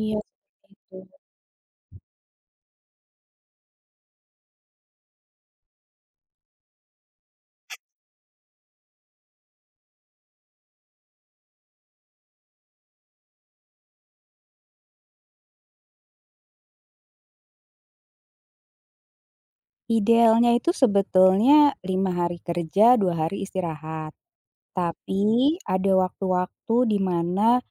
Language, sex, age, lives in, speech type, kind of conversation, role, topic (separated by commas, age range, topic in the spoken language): Indonesian, female, 40-44, Indonesia, conversation, advice, user, Bagaimana saya bisa kembali bekerja tanpa mengorbankan pemulihan dari kelelahan kerja?